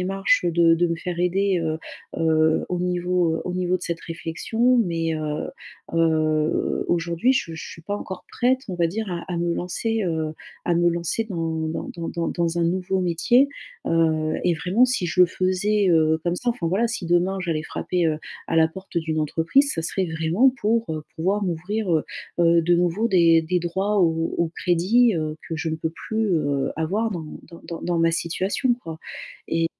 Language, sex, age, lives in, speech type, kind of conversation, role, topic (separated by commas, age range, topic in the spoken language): French, female, 35-39, France, conversation, advice, user, Concilier ambition et patience